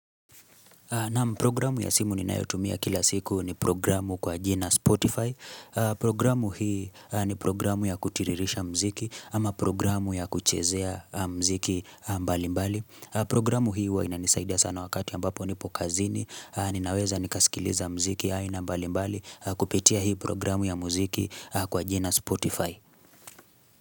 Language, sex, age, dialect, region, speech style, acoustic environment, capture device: Swahili, male, 25-34, Kenya - Nairobi Sheng-influenced, Kenya, relaxed, silence, mobile / Linux / Chrome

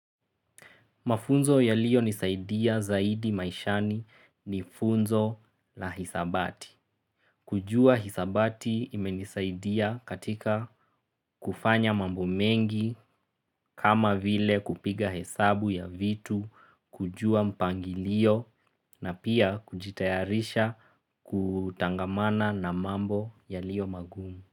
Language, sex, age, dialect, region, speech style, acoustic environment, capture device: Swahili, male, 25-34, Kenya - Nairobi Sheng-influenced, Kenya, relaxed, silence, mobile / Linux / Chrome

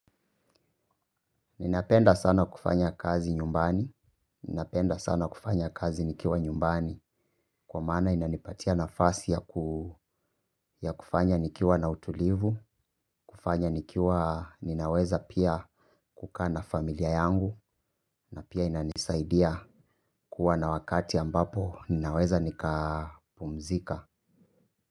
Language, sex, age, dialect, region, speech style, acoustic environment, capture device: Swahili, male, 25-34, Kenya - Nairobi Sheng-influenced, Kenya, happy, people talking, mobile / Linux / Chrome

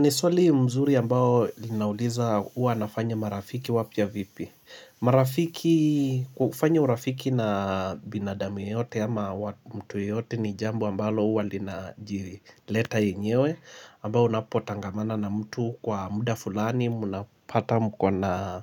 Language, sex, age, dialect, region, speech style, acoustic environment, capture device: Swahili, male, 25-34, Kenya - Mombasa, Kenya, happy; relaxed, silence, mobile / Linux / Chrome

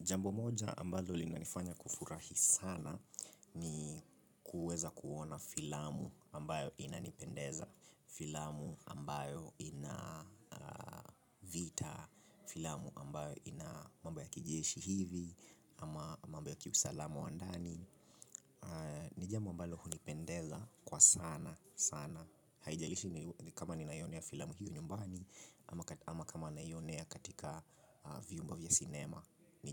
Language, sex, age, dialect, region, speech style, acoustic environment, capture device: Swahili, male, 25-34, Kenya - Nairobi Sheng-influenced, Kenya, relaxed, silence, mobile / Linux / Chrome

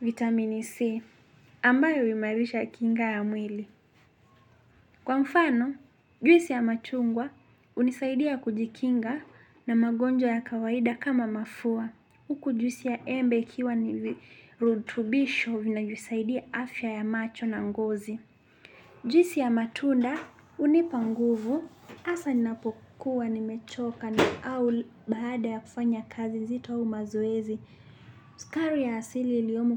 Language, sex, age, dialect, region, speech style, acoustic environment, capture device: Swahili, male, 25-34, Kenya - Nairobi Sheng-influenced, Kenya, happy, silence, desktop / Linux / Chrome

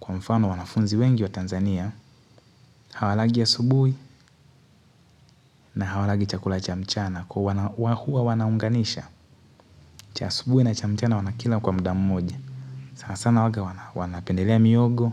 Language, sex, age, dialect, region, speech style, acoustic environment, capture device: Swahili, male, 18-24, Tanzania - Dar es Salaam, Tanzania, happy; focused, music; appliances, mobile / Linux / Chrome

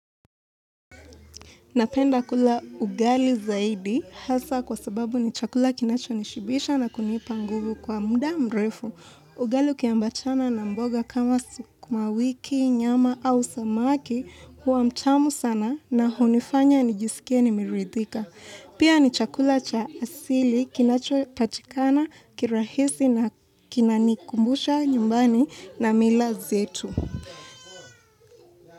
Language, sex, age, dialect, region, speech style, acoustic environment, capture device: Swahili, female, 18-24, Kenya - Mombasa, Kenya, relaxed, nature; silence, mobile / Linux / Chrome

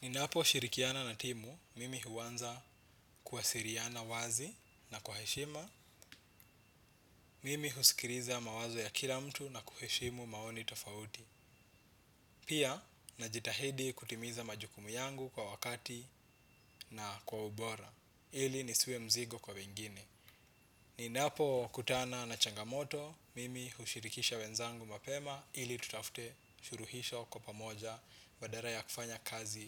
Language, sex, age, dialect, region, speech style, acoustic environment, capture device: Swahili, male, 25-34, Kenya - Nairobi Sheng-influenced, Kenya, relaxed, silence, desktop / Windows / Chrome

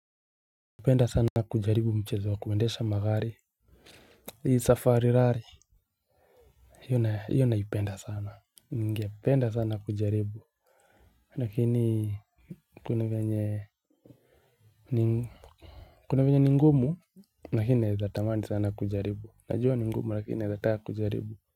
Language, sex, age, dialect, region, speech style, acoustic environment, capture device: Swahili, male, 25-34, Kenya - Nairobi Sheng-influenced, Kenya, happy, silence, mobile / Linux / Chrome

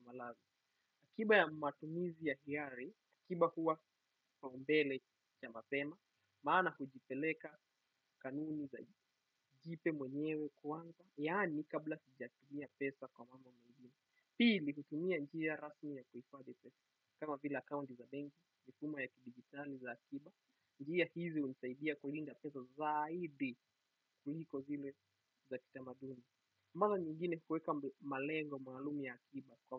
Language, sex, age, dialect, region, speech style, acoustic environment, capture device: Swahili, male, 18-24, Kenya - Mombasa, Kenya, happy, people talking, desktop / Windows / Chrome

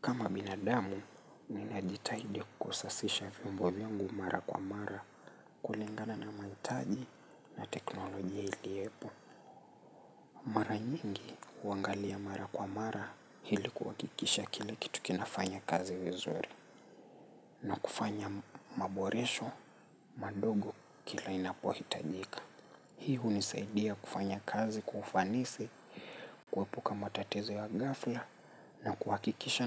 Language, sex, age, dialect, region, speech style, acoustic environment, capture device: Swahili, male, 25-34, Kenya - Nairobi Sheng-influenced, Kenya, relaxed, nature; people talking, mobile / Linux / Chrome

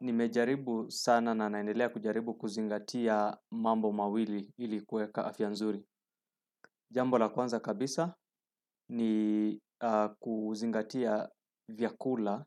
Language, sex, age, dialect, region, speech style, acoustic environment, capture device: Swahili, male, 35-44, Kenya - Nairobi Sheng-influenced, Kenya, relaxed, silence, desktop / Windows / Chrome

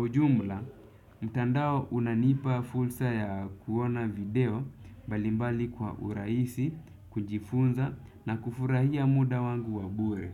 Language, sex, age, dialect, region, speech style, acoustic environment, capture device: Swahili, male, 25-34, Kenya - Nairobi Sheng-influenced, Kenya, relaxed, silence, desktop / Windows / Chrome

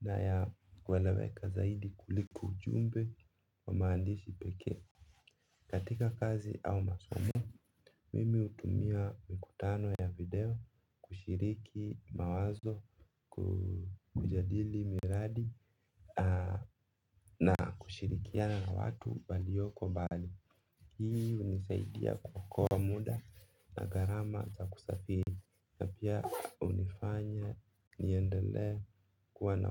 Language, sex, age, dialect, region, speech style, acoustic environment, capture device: Swahili, male, 25-34, Kenya - Mombasa, Kenya, relaxed, silence, mobile / Linux / Chrome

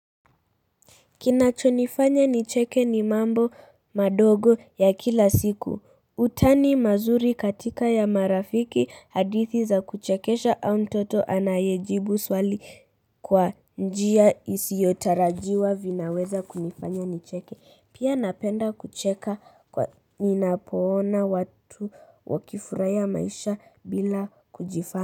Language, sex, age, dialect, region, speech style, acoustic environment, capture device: Swahili, female, 35-44, Kenya - Nairobi Sheng-influenced, Kenya, nervous, silence, mobile / Linux / Chrome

